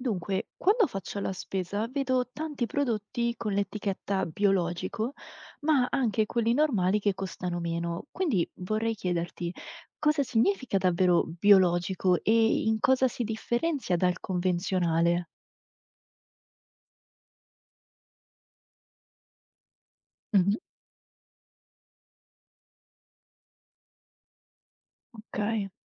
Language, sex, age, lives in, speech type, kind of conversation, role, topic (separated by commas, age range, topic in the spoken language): Italian, female, 25-29, Italy, read, expert-assistant, asker, Che cosa vuol dire 'produzione biologica' nel mondo del cibo e quali sono le differenze rispetto ad altri metodi di produzione?